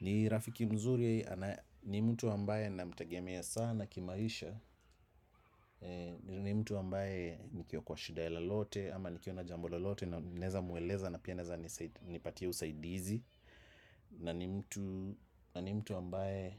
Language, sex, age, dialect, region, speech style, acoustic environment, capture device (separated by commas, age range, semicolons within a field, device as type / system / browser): Swahili, male, 35-44, Kenya - Nairobi Sheng-influenced, Kenya, excited; focused, silence, desktop / macOS / Chrome